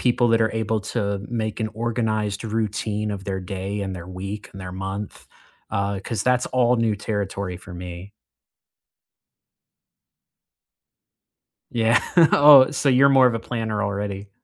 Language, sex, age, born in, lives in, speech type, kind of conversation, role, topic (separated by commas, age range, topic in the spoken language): English, male, 25-29, United States, United States, conversation, unstructured, none, Which skill do you admire in others and want to learn?